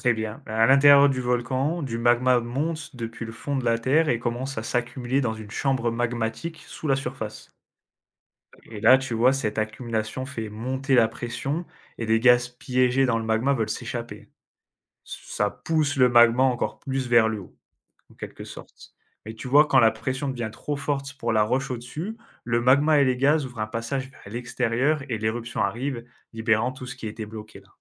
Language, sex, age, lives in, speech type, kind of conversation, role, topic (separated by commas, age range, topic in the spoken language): French, male, 25-29, France, read, expert-assistant, expert, Comment les volcans se forment-ils ?